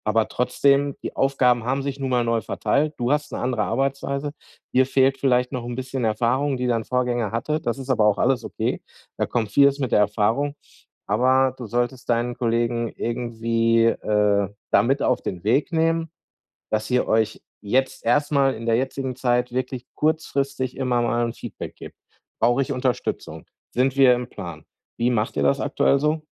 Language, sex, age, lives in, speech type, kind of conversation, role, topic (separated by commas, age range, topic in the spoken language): German, male, 40-44, Germany, conversation, advice, advisor, Wie kann ich Vertrauen aufbauen, damit ich Verantwortung und Aufgaben effektiv delegieren kann?